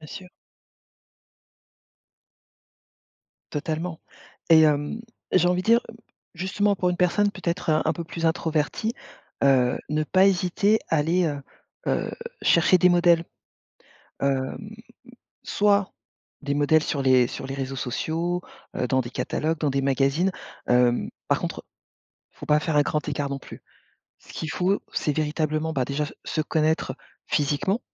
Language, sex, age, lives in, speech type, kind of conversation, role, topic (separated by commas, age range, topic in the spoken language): French, female, 45-49, France, conversation, podcast, guest, Comment ton style peut-il renforcer ta confiance en toi ?